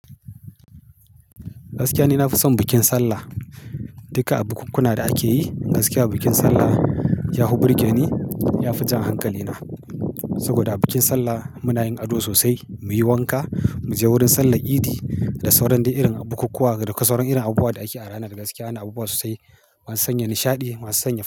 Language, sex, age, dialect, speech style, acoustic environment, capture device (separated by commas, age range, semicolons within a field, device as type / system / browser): Hausa, male, 35-44, Nigeria - Kano, happy; excited; focused, silence, mobile / Linux / Chrome